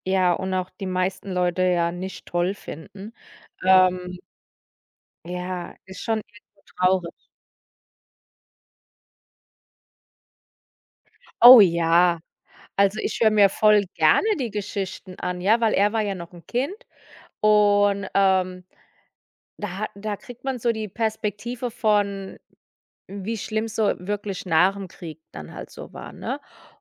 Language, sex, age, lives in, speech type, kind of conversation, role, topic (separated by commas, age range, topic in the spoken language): German, female, 35-39, United States, conversation, podcast, guest, Wie beeinflussen alte Familiengeschichten deine Identität heute?